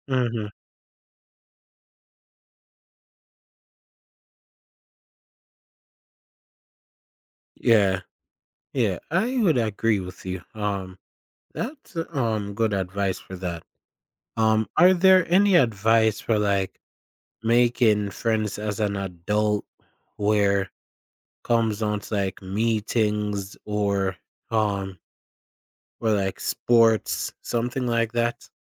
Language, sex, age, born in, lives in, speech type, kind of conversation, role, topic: English, male, 20-24, Jamaica, United States, conversation, advice, user, How do I make meaningful friends after moving to a new city?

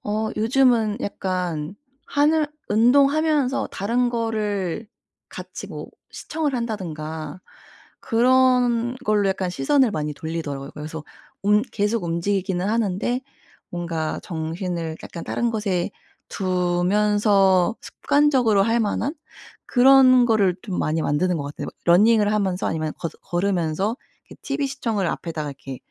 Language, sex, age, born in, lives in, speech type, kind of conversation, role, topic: Korean, female, 30-34, South Korea, United States, conversation, advice, advisor, 짧게라도 매일 꾸준히 하지 못하는 습관을 어떻게 하면 꾸준히 만들 수 있을까요?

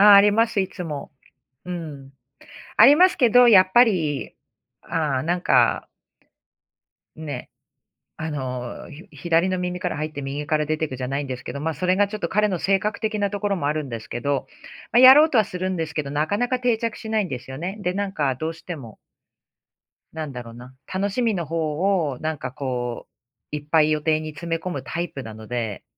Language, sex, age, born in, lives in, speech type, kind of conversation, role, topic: Japanese, female, 45-49, Japan, United States, conversation, advice, user, 子どもの反抗期で親子関係がぎくしゃくしている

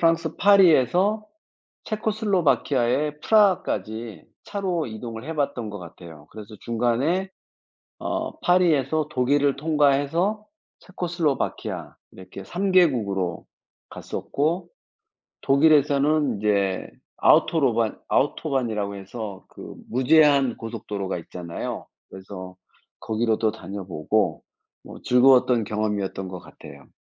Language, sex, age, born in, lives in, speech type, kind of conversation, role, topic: Korean, male, 55-59, South Korea, France, conversation, unstructured, none, 휴일에는 보통 무엇을 하며 시간을 보내시나요?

